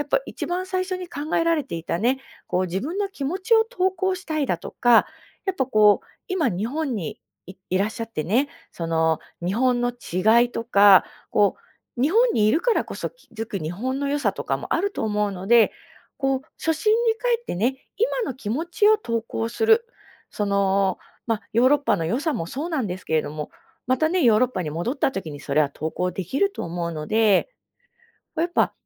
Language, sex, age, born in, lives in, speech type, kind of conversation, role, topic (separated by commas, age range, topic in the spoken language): Japanese, female, 35-39, Japan, Japan, conversation, advice, advisor, SNSで作った自分のイメージと現実の自分の違いに悩んでいますが、どう向き合えばいいですか？